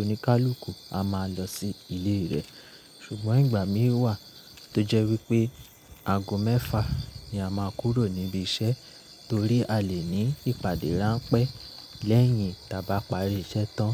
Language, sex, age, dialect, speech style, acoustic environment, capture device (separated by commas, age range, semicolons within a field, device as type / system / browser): Yoruba, male, 25-34, Nigeria - Nigerian Standard, relaxed; nervous; focused, appliances; silence; nature, mobile / Linux / Chrome